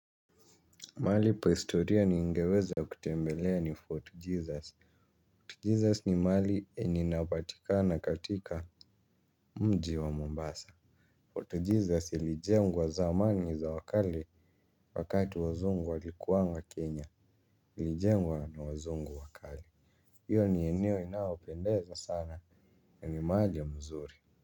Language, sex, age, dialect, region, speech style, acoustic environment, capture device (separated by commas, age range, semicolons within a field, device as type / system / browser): Swahili, male, 18-24, Kenya - Nairobi Sheng-influenced, Kenya, happy; relaxed; focused, nature; people talking; silence; music, mobile / Linux / Chrome